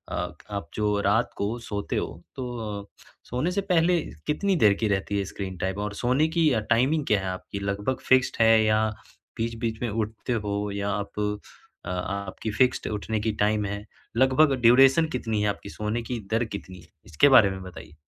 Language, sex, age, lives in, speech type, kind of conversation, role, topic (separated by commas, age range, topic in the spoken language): Hindi, male, 20-24, India, conversation, advice, advisor, सोने से पहले स्क्रीन समय कैसे घटाऊँ ताकि मेरी नींद बेहतर हो?